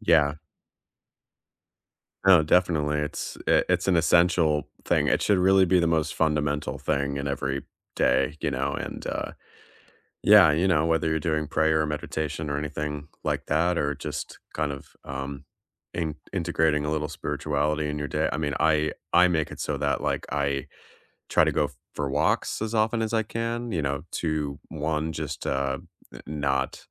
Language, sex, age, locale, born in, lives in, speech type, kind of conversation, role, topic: English, male, 30-34, en-US, United States, United States, conversation, unstructured, none, What small habits make your morning routine truly enjoyable?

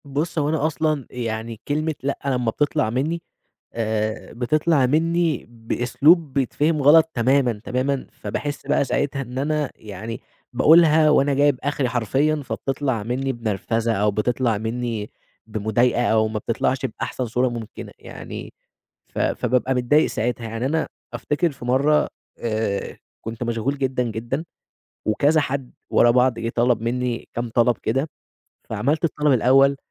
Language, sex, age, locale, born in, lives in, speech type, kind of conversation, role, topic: Arabic, male, 20-24, ar-EG, Egypt, Egypt, conversation, advice, user, إزاي أقدر أقول لأ من غير ما أحس بالذنب وأحافظ على حدودي؟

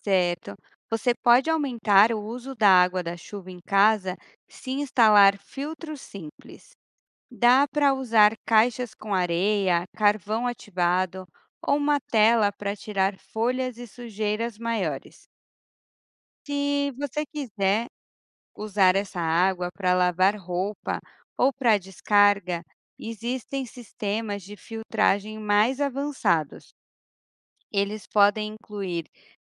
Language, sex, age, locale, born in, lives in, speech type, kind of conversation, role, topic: Portuguese, female, 35-39, pt-BR, Brazil, Portugal, read, expert-assistant, expert, Como a ciência contribui para o avanço da sociedade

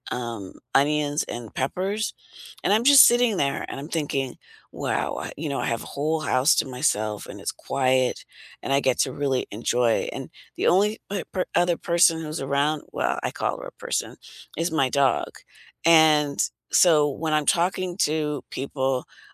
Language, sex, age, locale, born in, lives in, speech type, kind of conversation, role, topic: English, female, 60-64, en-US, United States, United States, conversation, unstructured, none, What TV show would you recommend to absolutely everyone?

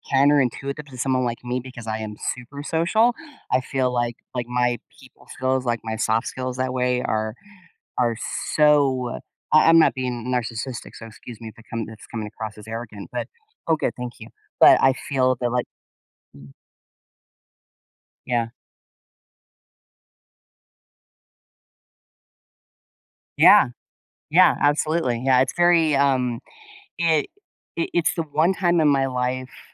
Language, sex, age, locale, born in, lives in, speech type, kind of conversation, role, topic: English, female, 55-59, en-US, United States, United States, conversation, podcast, host, What are some practical ways to build new connections in your community?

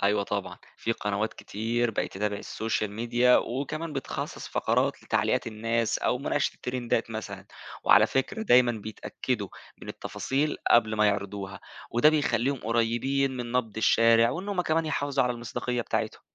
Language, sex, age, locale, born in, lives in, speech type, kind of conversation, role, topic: Arabic, male, 20-24, ar-EG, Egypt, Egypt, read, expert-assistant, expert, إيه أولويات تطوير الإذاعة والتليفزيون في مصر دلوقتي؟ هل الأهم تحسين المحتوى ولا تحديث التكنولوجيا ولا زيادة الشفافية؟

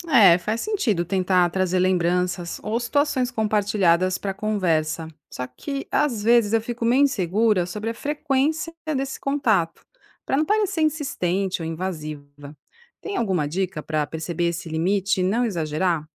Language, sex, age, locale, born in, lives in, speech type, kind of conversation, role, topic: Portuguese, female, 45-49, pt-BR, Brazil, Italy, read, expert-assistant, asker, Como manter amizades antigas vivas quando a rotina é corrida?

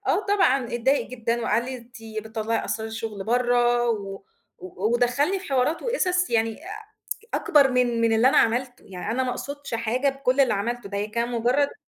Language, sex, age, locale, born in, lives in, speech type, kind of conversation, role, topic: Arabic, female, 35-39, ar-EG, Egypt, Egypt, conversation, podcast, guest, إزاي أبدأ كلام مع حدّ معرفوش بأسهل طريقة؟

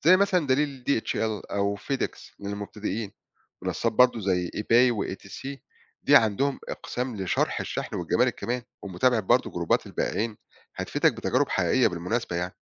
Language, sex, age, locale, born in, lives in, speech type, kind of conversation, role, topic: Arabic, male, 40-44, ar-EG, Egypt, Portugal, read, expert-assistant, expert, إزاي ممكن أستفيد من فرص العولمة في تطوير شغلي أو مشروعي الصغير، وإيه التحديات اللي ممكن أواجهها وأتعلم أتعامل معاها؟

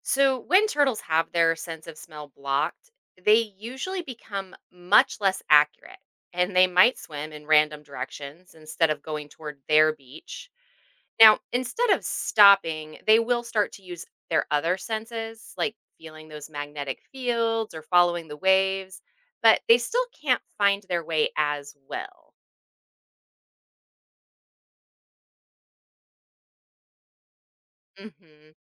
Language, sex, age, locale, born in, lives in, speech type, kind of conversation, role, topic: English, female, 40-44, en-US, United States, United States, read, expert-assistant, expert, How do sea turtles navigate back to their birth beach?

